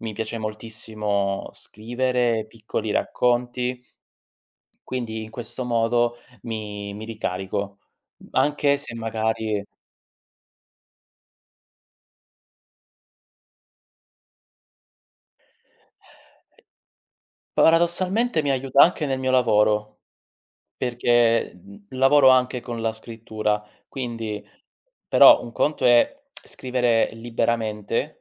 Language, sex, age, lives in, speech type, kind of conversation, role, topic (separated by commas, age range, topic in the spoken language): Italian, male, 30-34, Italy, conversation, unstructured, none, Quali hobby ti aiutano a rilassarti dopo una giornata intensa?